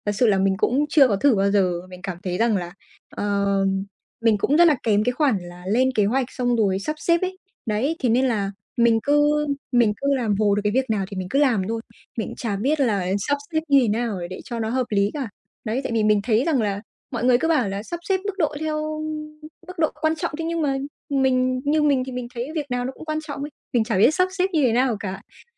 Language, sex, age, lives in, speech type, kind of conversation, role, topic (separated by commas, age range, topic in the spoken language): Vietnamese, female, 20-24, Vietnam, conversation, advice, user, Làm sao để ưu tiên công việc theo mức độ quan trọng?